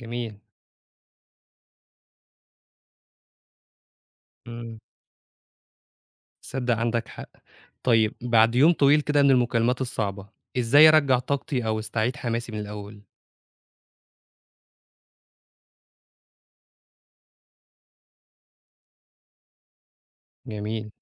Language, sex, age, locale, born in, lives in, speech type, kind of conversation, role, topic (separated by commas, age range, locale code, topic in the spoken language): Arabic, male, 25-29, ar-EG, Egypt, Egypt, read, expert-assistant, asker, إزاي أقدر أطور نفسي في فهم تأثير طريقة كلامي على الناس اللي بتعامل معاهم؟